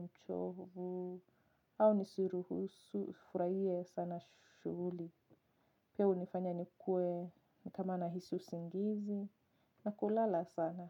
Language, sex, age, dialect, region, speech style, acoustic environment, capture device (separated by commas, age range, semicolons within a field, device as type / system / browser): Swahili, female, 25-34, Kenya - Nairobi Sheng-influenced, Kenya, relaxed, nature, mobile / Linux / Chrome